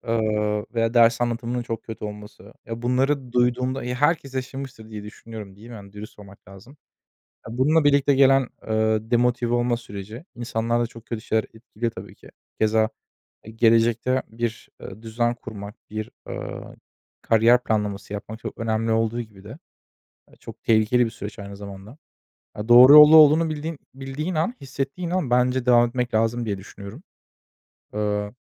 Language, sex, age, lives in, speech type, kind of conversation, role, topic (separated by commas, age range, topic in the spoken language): Turkish, male, 25-29, Germany, conversation, unstructured, none, Okulda en sevdiğin ders hangisiydi ve neden?